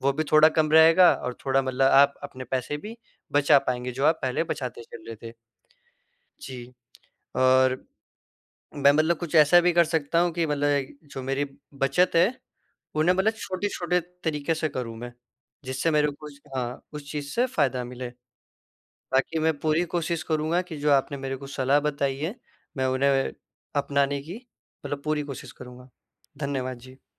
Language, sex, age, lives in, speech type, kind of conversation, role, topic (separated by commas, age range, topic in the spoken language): Hindi, male, 18-19, India, conversation, advice, user, खर्च घटाकर बचत करते समय आपको कब दिक्कत और असंतोष महसूस होता है?